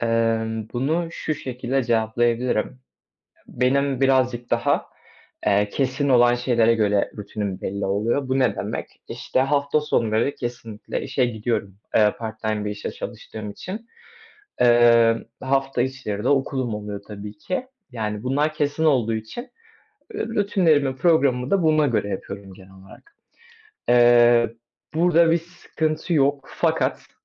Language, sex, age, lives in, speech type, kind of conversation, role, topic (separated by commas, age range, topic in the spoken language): Turkish, male, 20-24, Poland, conversation, advice, user, Zamanımı önceliklendirmekte neden zorlanıyorum?